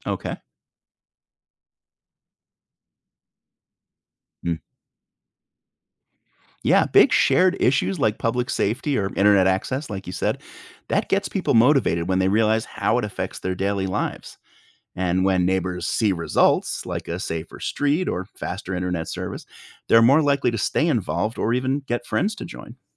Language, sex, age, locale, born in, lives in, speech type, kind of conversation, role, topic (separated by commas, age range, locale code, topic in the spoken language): English, male, 45-49, en-US, United States, United States, read, expert-assistant, expert, Why is voting important in a democracy?